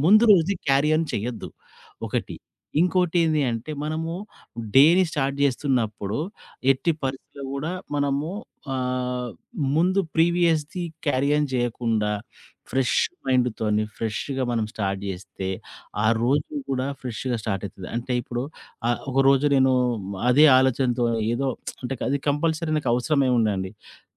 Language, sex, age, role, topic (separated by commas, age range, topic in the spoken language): Telugu, male, 40-44, guest, మీ ఆరోగ్యాన్ని మెరుగుపరచుకోవడానికి మీరు ఉదయం పాటించే దినచర్య ఎలా ఉంటుంది?